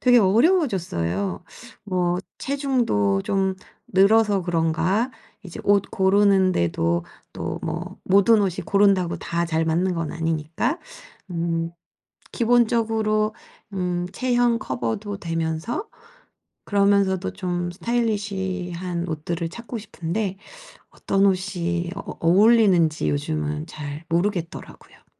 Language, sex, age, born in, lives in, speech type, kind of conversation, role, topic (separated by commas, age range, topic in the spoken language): Korean, female, 45-49, South Korea, South Korea, conversation, advice, user, 어떤 패션 스타일이 저에게 가장 잘 어울릴까요?